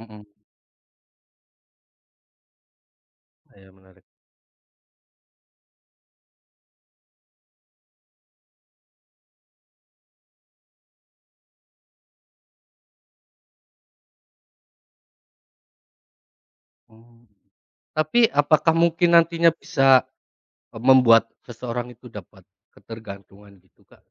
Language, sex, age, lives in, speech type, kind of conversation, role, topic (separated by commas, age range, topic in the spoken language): Indonesian, male, 30-34, Indonesia, conversation, unstructured, none, Apa perubahan positif yang kamu rasakan berkat teknologi terbaru?